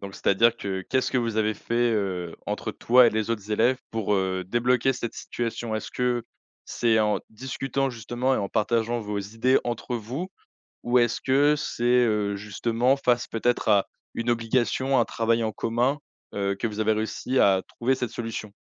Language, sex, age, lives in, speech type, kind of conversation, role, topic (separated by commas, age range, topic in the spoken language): French, male, 20-24, France, conversation, podcast, host, Comment retrouves-tu ton élan après un gros blocage créatif ?